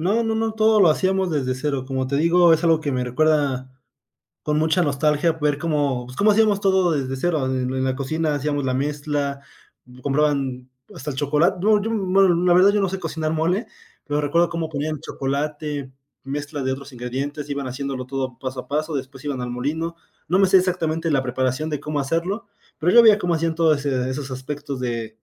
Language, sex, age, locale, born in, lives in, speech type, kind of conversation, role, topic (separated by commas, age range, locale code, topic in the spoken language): Spanish, male, 20-24, es-MX, Mexico, Mexico, conversation, podcast, guest, ¿Qué platos te recuerdan a tu infancia y por qué?